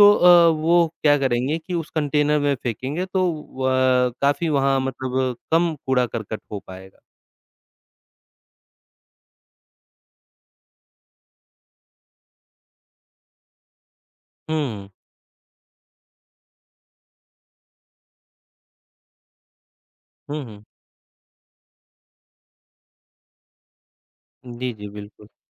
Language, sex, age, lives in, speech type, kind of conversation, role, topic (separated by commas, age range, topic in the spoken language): Hindi, male, 25-29, India, conversation, unstructured, none, क्या पर्यटन स्थलों पर कूड़ा-करकट देखकर आपको गुस्सा आता है?